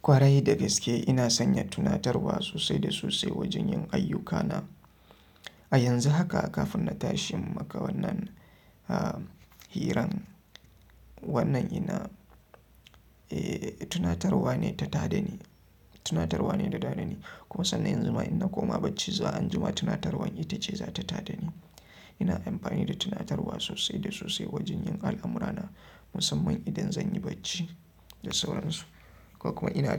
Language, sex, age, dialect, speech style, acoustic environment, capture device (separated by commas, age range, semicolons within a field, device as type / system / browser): Hausa, male, 25-34, Nigeria - Kano, happy; relaxed; focused; excited, silence, mobile / Linux / Chrome